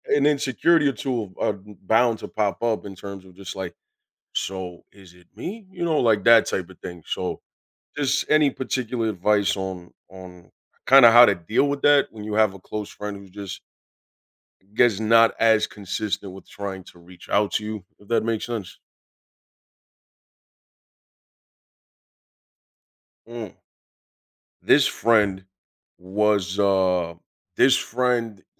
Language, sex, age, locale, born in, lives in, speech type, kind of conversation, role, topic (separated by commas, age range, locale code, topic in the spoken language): English, male, 30-34, en-US, United States, United States, conversation, advice, user, How can I strengthen my close friendships and rebuild connection after changes?